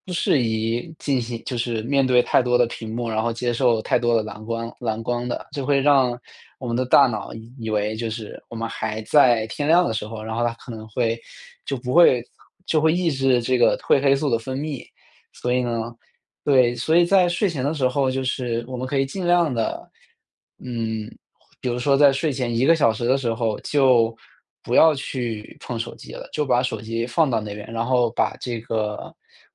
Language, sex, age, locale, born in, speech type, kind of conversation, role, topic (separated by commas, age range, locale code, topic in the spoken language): Chinese, male, 25-29, zh-CN, China, conversation, advice, advisor, 经常沉迷手机会如何影响你的生活和睡眠？